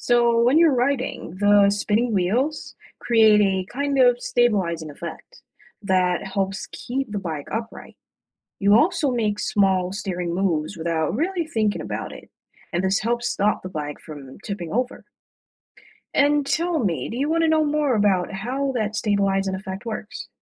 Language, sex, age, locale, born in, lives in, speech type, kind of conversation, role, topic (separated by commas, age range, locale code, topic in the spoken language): English, male, 25-29, en-US, Jamaica, United States, read, expert-assistant, expert, Why does a moving bicycle stay upright?